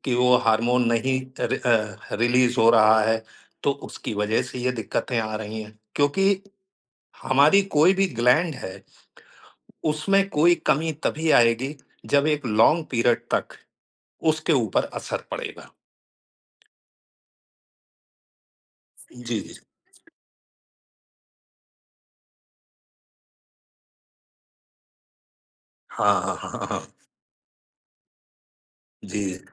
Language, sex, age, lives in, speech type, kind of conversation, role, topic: Hindi, male, 55-59, India, conversation, unstructured, none, क्या आपको लगता है कि मानसिक स्वास्थ्य पर खुलकर बात करना ज़रूरी है?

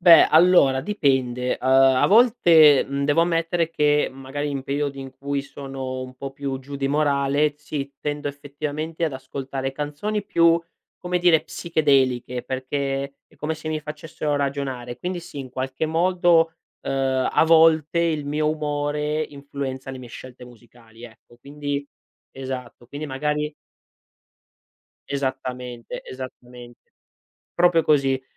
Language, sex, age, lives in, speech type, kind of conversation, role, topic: Italian, male, 25-29, Italy, conversation, podcast, guest, Come descriveresti il tuo gusto musicale oggi?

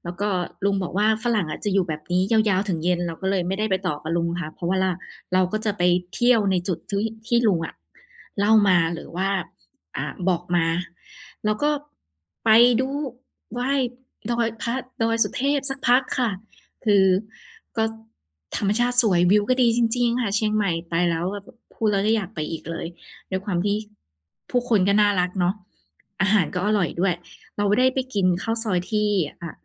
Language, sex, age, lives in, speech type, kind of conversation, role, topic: Thai, female, 30-34, Thailand, conversation, podcast, guest, คุณเคยหลงทางแล้วเจอคนมาช่วยเหลือไหม เล่าให้ฟังหน่อยได้ไหม?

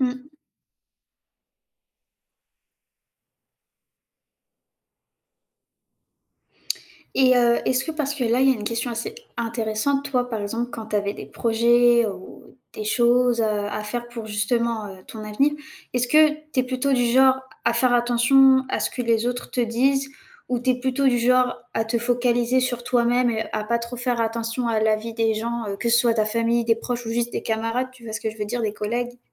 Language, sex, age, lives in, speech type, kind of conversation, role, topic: French, female, 18-19, France, conversation, unstructured, none, Comment décides-tu quelles opportunités saisir pour ton avenir ?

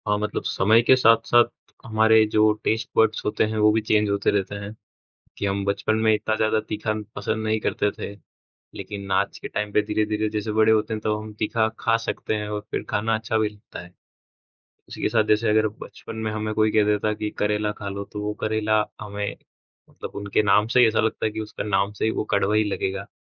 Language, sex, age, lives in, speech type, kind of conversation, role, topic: Hindi, male, 20-24, India, conversation, unstructured, none, आपको कौन-सा अजीब भोजन बिल्कुल पसंद नहीं है?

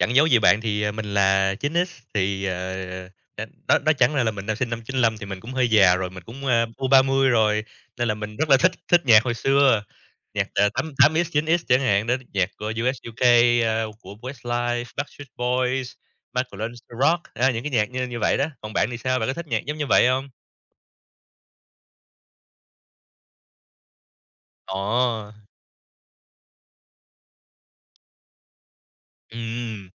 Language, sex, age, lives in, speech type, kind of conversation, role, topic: Vietnamese, male, 30-34, Vietnam, conversation, unstructured, none, Bạn thường nghe thể loại nhạc nào nhất trong cuộc sống hằng ngày?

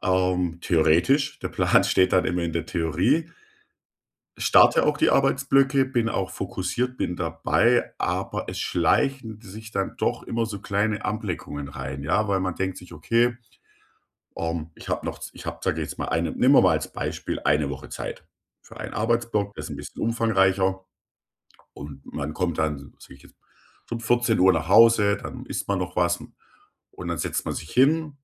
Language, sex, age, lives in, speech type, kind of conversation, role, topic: German, male, 35-39, Germany, conversation, advice, user, Wie kann ich meine Arbeitsblöcke planen und Ablenkungen effektiv minimieren?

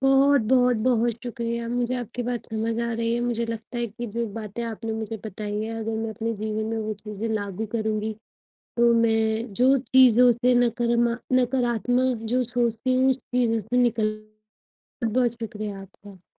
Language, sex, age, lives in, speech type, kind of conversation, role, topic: Hindi, female, 25-29, India, conversation, advice, user, मैं लंबे समय तक प्रेरित कैसे बना रहूँ?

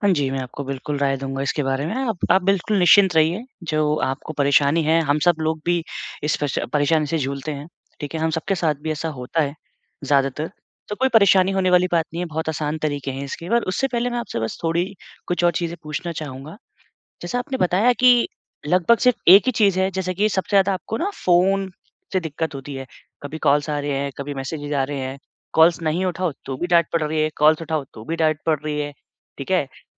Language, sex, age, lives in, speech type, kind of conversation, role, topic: Hindi, male, 25-29, India, conversation, advice, advisor, फ़ोन और दूसरी चीज़ों से ध्यान भटकने के कारण मनोरंजन के समय में बाधा क्यों होती है?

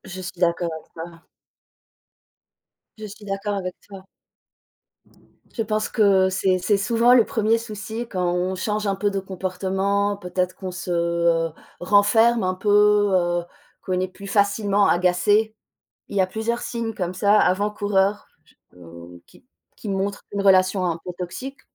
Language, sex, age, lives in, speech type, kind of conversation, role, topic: French, female, 35-39, France, conversation, unstructured, none, Comment savoir si une relation est toxique ?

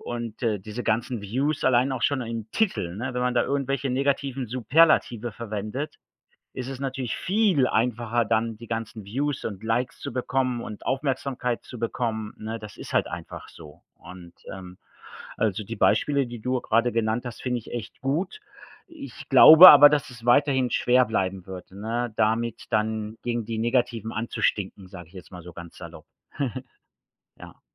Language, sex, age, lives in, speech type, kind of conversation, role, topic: German, male, 45-49, Germany, conversation, unstructured, none, Wie sollten Medien deiner Meinung nach stärker über positive Ereignisse berichten?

